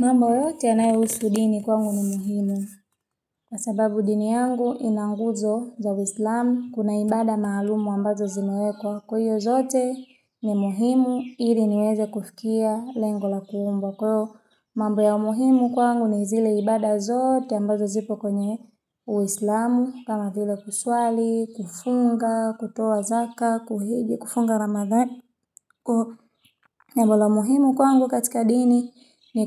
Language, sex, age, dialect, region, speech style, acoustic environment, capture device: Swahili, female, 25-34, Tanzania - Dar es Salaam, Tanzania, relaxed, silence, mobile / Linux / Chrome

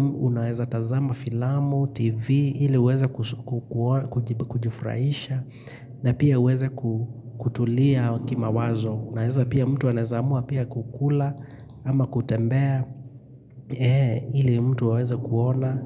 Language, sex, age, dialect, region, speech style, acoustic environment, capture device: Swahili, male, 25-34, Kenya - Nairobi Sheng-influenced, Kenya, happy; relaxed, silence, mobile / Linux / Chrome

